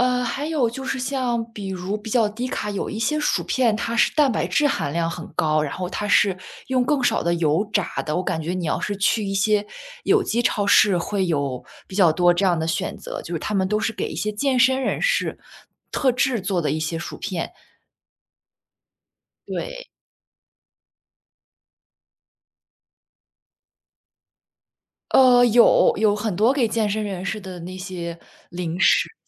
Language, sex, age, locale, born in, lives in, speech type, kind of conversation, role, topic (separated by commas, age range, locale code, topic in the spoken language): Chinese, female, 25-29, zh-CN, China, United States, conversation, advice, advisor, 情绪低落时总想暴饮暴食，我该如何控制这种情绪性进食的冲动？